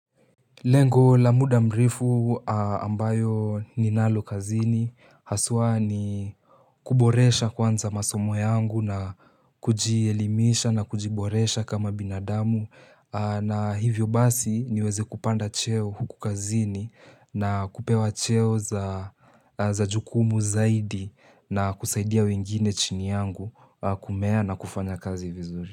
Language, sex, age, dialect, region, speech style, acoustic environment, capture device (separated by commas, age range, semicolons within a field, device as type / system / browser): Swahili, male, 18-24, Kenya - Nairobi Sheng-influenced, Kenya, relaxed, silence, mobile / Linux / Chrome